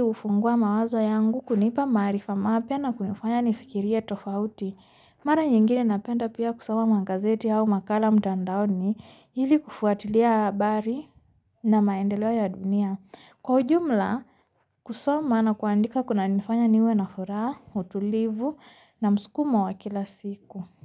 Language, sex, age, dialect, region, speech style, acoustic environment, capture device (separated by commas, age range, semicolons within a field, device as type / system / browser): Swahili, female, 25-34, Kenya - Nairobi Sheng-influenced, Kenya, tired, nature, mobile / Linux / Chrome